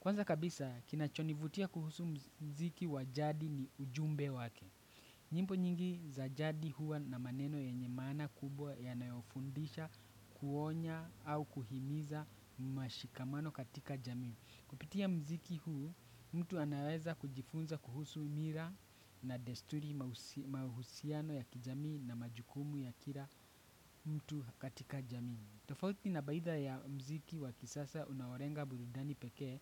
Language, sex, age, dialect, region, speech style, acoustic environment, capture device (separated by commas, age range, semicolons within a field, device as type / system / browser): Swahili, male, 25-34, Kenya - Nairobi Sheng-influenced, Kenya, excited, nature, desktop / Windows / Chrome